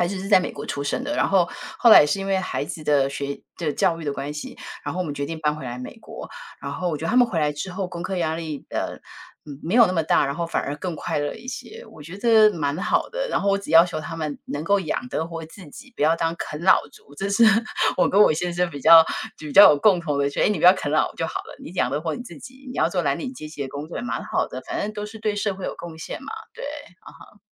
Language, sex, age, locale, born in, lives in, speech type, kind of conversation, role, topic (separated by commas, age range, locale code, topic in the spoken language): Chinese, female, 50-54, zh-TW, Taiwan, United States, conversation, unstructured, none, 家长对孩子的学习期望会不会太高？